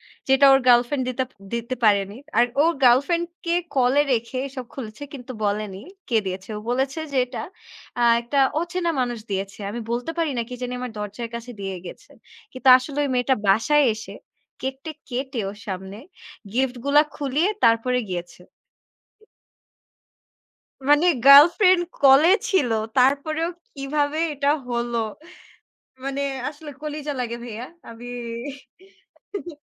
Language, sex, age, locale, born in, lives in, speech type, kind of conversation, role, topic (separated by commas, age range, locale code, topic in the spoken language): Bengali, female, 20-24, bn-BD, Bangladesh, Bangladesh, conversation, unstructured, none, ভালোবাসায় ছোট ছোট ভুলগুলো কীভাবে মিটিয়ে নেওয়া যায়?